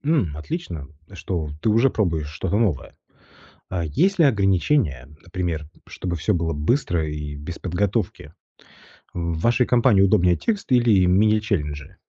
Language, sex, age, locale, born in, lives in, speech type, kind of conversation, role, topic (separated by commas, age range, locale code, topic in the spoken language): Russian, male, 35-39, ru-RU, Russia, Italy, read, expert-assistant, expert, Если бы вы могли придумать новый ритуал для укрепления дружбы, каким бы он был?